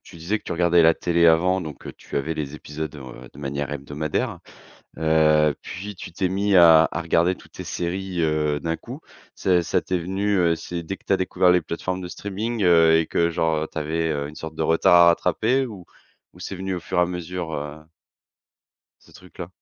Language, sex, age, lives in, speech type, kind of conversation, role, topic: French, male, 30-34, France, conversation, podcast, host, Préférez-vous regarder une série d’une traite ou attendre chaque épisode hebdomadaire ?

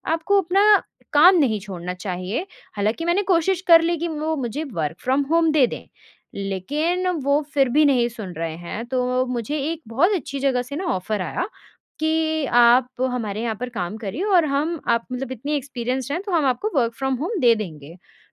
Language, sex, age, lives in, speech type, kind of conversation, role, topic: Hindi, female, 20-24, India, conversation, advice, user, मैं अपने बुज़ुर्ग माता-पिता की देखभाल के लिए करियर और घर बदलने का फैसला क्यों और कैसे करूँ?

